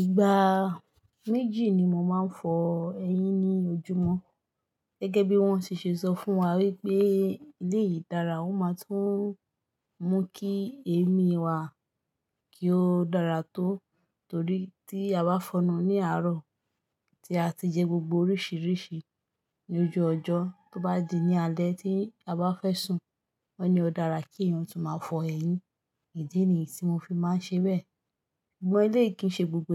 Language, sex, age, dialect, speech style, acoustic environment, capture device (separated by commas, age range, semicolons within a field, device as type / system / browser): Yoruba, female, 25-34, Nigeria - Lagos Yoruba, focused, nature, mobile / Linux / Chrome